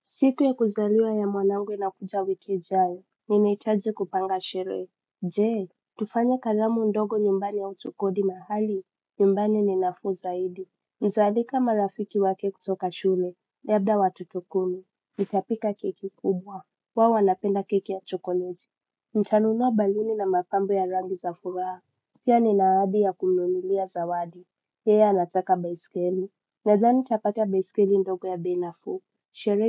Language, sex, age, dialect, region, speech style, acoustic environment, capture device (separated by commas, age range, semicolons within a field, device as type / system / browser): Swahili, male, 25-34, Kenya - Mombasa, Kenya, relaxed, music, desktop / Windows / Chrome